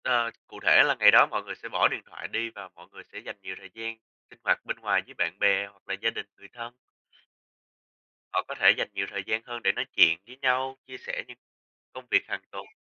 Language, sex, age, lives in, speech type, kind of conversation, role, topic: Vietnamese, male, 20-24, United States, conversation, unstructured, none, Điều gì khiến bạn ngạc nhiên nhất về phong tục tập quán ở các quốc gia khác?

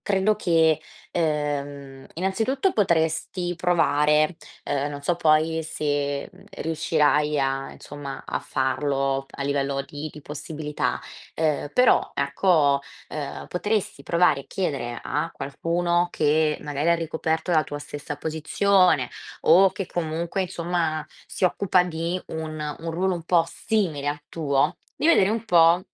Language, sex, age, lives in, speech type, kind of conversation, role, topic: Italian, female, 20-24, Italy, conversation, advice, advisor, Cosa intende davvero chi mi critica quando dice che il mio lavoro è confuso?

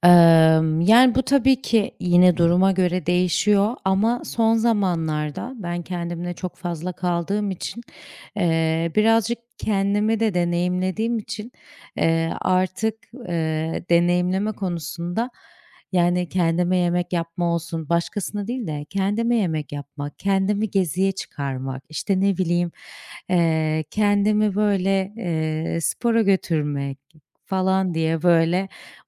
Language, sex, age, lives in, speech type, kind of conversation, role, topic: Turkish, female, 30-34, Portugal, conversation, unstructured, none, Hayatta yeni şeyler denemek seni heyecanlandırır mı?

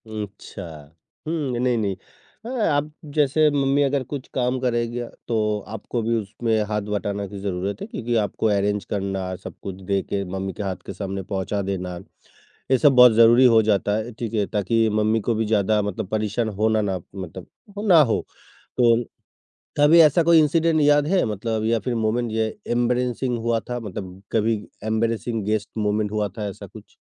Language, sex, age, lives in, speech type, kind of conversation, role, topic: Hindi, male, 55-59, India, conversation, podcast, host, Mehmaan aane se pehle tum ghar ko kaise taiyaar karte ho?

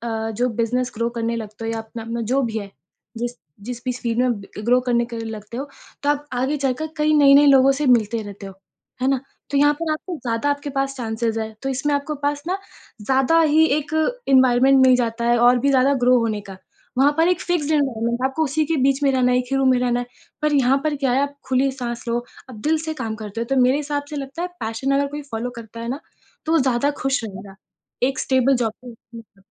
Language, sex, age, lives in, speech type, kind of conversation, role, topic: Hindi, female, 25-29, India, conversation, podcast, guest, Passion follow karna aur stable naukri karna—tumhara rule kya hai?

